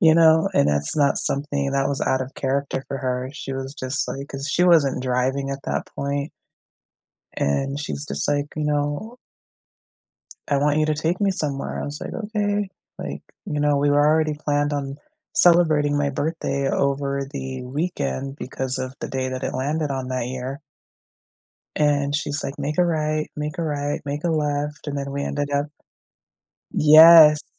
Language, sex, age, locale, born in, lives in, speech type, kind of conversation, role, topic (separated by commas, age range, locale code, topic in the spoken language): English, female, 35-39, en-US, United States, United States, conversation, unstructured, none, What is the happiest birthday you remember, and what made it special?